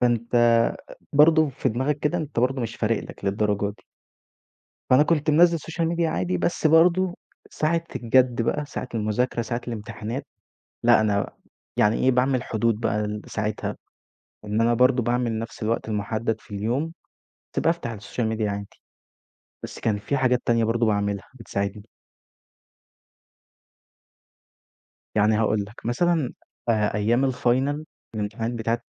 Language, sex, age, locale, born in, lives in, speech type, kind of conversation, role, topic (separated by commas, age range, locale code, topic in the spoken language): Arabic, male, 20-24, ar-EG, Egypt, Egypt, conversation, podcast, guest, إزاي بتتغلب على التشتت أثناء المذاكرة؟